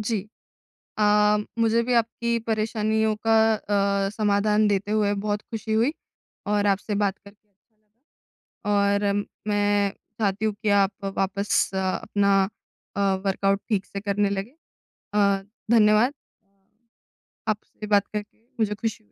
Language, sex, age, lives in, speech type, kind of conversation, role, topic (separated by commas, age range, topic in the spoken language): Hindi, female, 20-24, India, conversation, advice, advisor, चोट या किसी रुकावट के दौरान मैं प्रेरणा कैसे बनाए रखूँ?